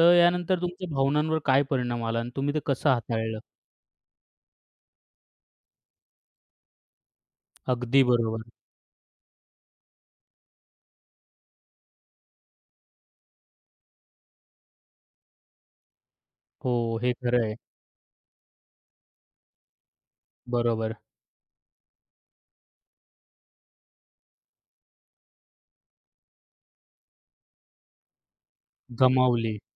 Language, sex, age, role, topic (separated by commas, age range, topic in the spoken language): Marathi, male, 25-29, host, कोणी तुम्हाला ब्लॉक केल्यावर तुम्ही ती परिस्थिती कशी हाताळता?